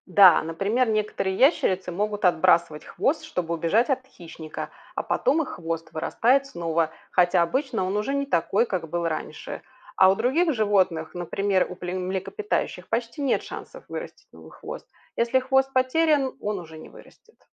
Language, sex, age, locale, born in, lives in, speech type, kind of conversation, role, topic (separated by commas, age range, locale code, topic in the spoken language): Russian, female, 40-44, ru-RU, Belarus, Spain, read, expert-assistant, expert, Почему у некоторых животных есть хвост?